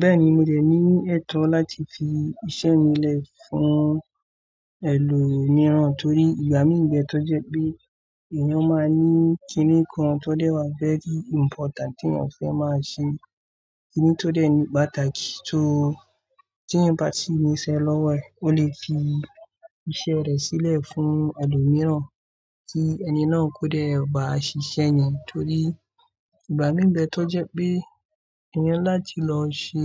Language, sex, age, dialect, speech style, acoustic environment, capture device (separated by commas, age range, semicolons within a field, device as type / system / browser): Yoruba, male, 25-34, Nigeria - Kano, relaxed, silence, mobile / Android / Chrome